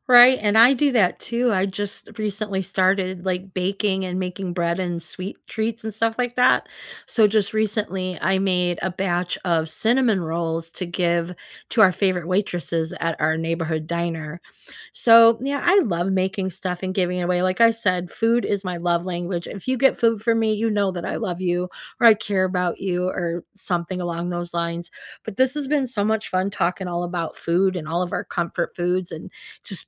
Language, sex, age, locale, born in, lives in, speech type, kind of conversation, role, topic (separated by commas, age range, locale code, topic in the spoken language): English, female, 50-54, en-US, United States, United States, conversation, unstructured, none, What is your favorite comfort food, and what is the story behind it?